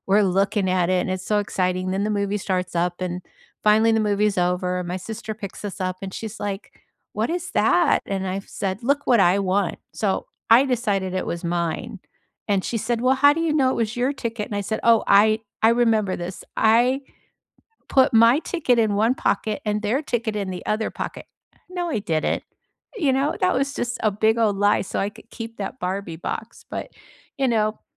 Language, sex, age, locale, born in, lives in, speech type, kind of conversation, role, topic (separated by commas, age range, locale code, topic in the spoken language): English, female, 65-69, en-US, United States, United States, conversation, unstructured, none, What was the first movie that made you fall in love with going to the theater, and what made that experience unforgettable?